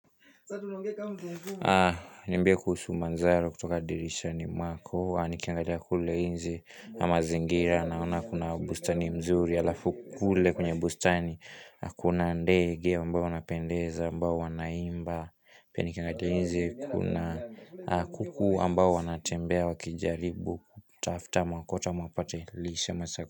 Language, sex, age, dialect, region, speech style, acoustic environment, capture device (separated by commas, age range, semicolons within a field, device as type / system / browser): Swahili, male, 18-24, Kenya - Nairobi Sheng-influenced, Kenya, relaxed, music, desktop / Linux / Chrome